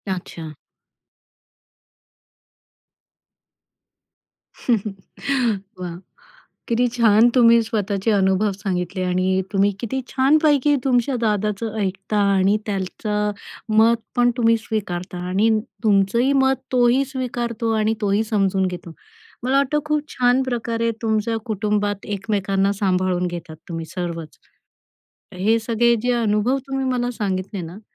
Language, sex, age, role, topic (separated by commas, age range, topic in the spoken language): Marathi, female, 45-49, host, कुटुंबाच्या अपेक्षा आणि तुमची वैयक्तिक उद्दिष्टे तुम्ही कशी जुळवता?